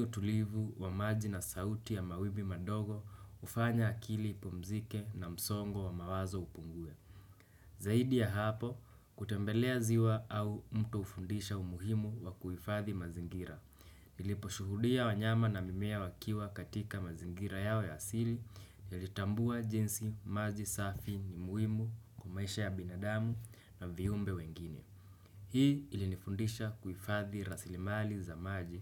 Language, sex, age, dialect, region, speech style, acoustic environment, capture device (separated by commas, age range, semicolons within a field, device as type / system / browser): Swahili, male, 18-24, Kenya - Nairobi Sheng-influenced, Kenya, relaxed, silence, desktop / Windows / Chrome